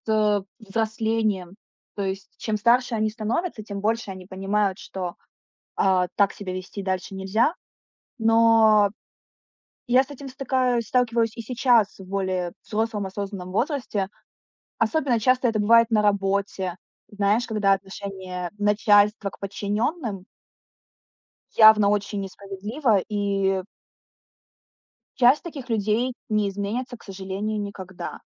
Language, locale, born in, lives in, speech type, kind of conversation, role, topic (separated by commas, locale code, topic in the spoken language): Russian, ru-RU, Ukraine, Estonia, conversation, unstructured, none, Что вас обычно больше всего огорчает в людях?